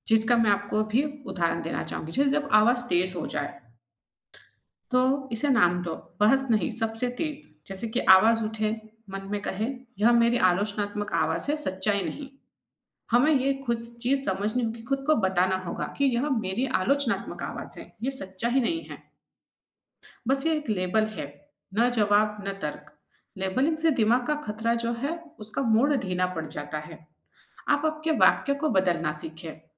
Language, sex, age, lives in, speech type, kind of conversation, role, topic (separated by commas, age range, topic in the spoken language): Hindi, female, 25-29, India, conversation, podcast, guest, आप अपने भीतर की आलोचनात्मक आवाज़ से कैसे निपटते हैं?